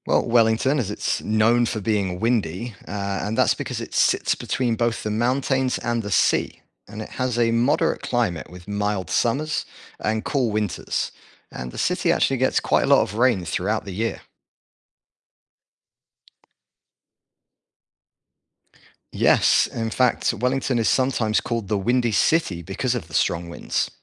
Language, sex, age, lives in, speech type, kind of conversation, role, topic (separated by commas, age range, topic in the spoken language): English, male, 40-44, United States, read, expert-assistant, expert, What is the capital of New Zealand?